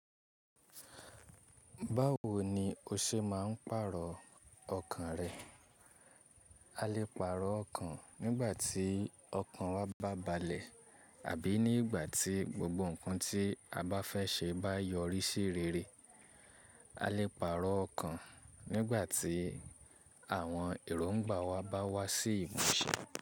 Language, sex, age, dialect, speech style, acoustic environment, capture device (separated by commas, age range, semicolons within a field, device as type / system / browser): Yoruba, male, 25-34, Nigeria - Ibadan, relaxed, nature; silence, mobile / Linux / Chrome